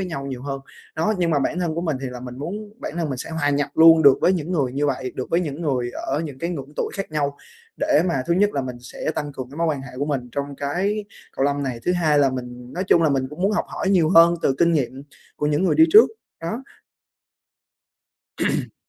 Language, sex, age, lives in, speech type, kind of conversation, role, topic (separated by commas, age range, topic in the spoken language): Vietnamese, male, 20-24, Vietnam, conversation, advice, user, Làm sao để tôi hòa nhập tốt hơn với nhóm bạn?